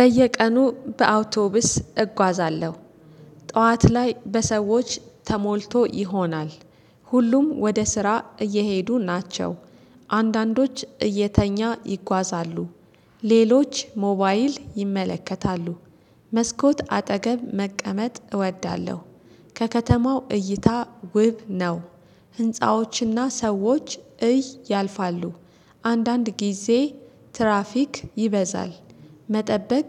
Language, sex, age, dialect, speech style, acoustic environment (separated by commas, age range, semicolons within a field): Amharic, female, 35-44, Ethiopia - Addis Ababa, relaxed, silence